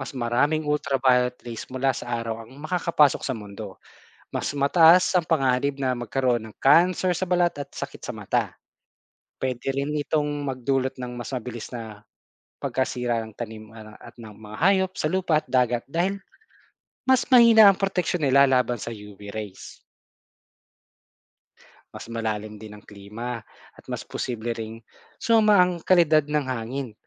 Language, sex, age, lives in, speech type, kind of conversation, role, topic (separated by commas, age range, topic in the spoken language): Filipino, male, 40-44, Philippines, read, expert-assistant, expert, Ano ang dahilan ng pagnipis ng ozone layer?